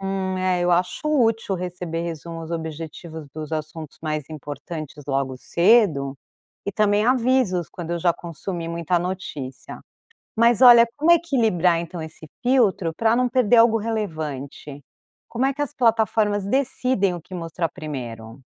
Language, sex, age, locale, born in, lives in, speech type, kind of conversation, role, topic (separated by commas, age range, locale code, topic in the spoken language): Portuguese, female, 45-49, pt-BR, Brazil, United States, read, expert-assistant, asker, Como as mídias digitais podem evoluir para mudar a forma como nos informamos no dia a dia?